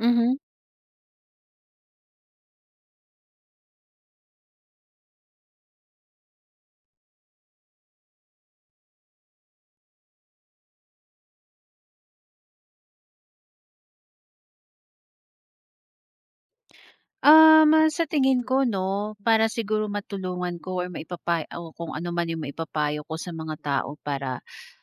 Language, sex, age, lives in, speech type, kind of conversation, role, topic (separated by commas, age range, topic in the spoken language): Filipino, female, 30-34, Philippines, conversation, podcast, guest, Sino ang pinakapaborito mong huwaran sa pananamit, at bakit siya ang naging inspirasyon mo?